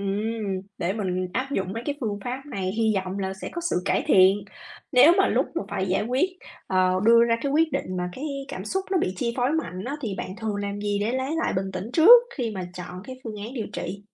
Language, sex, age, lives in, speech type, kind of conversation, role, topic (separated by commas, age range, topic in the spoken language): Vietnamese, female, 35-39, United States, read, expert-assistant, asker, Khi đứng trước lựa chọn điều trị, làm sao để biết đâu là quyết định phù hợp với sức khỏe lâu dài của mình?